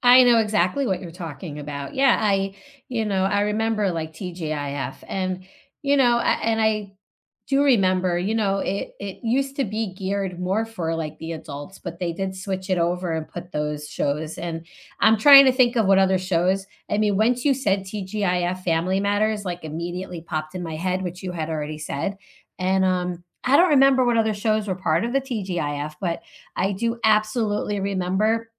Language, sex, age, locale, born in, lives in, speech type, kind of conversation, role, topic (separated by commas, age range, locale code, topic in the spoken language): English, female, 45-49, en-US, United States, United States, conversation, unstructured, none, Which comfort shows or movies do you rewatch when you need a boost, and what makes them special to you?